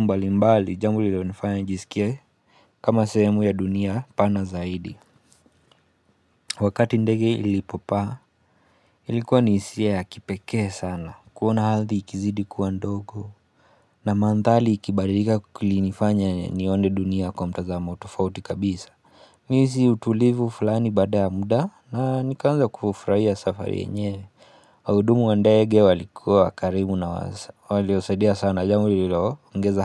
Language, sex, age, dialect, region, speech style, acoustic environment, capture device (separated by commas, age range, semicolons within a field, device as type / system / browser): Swahili, male, 25-34, Kenya - Nairobi Sheng-influenced, Kenya, relaxed, silence, mobile / Linux / Chrome